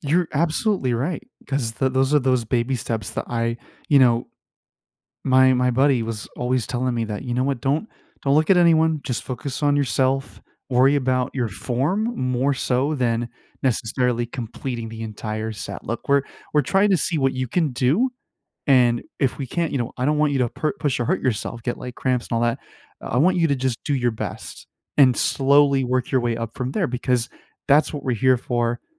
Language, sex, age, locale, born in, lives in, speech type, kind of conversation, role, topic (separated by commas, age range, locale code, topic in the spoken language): English, male, 25-29, en-US, United States, United States, conversation, podcast, guest, What helps people stay committed to exercising over time?